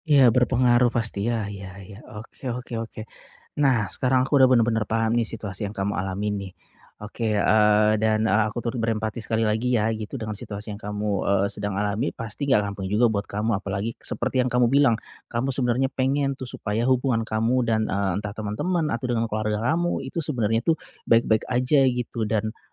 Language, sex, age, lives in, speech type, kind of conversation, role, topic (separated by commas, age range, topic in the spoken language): Indonesian, male, 35-39, Indonesia, conversation, advice, advisor, Bagaimana cara mengendalikan reaksi impulsif saat saya merasa tertekan?